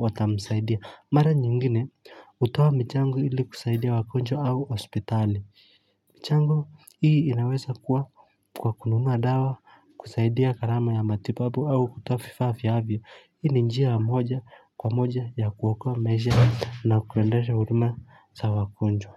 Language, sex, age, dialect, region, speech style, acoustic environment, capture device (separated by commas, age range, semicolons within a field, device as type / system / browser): Swahili, male, 25-34, Kenya - Mombasa, Kenya, relaxed; focused, silence, mobile / Linux / Chrome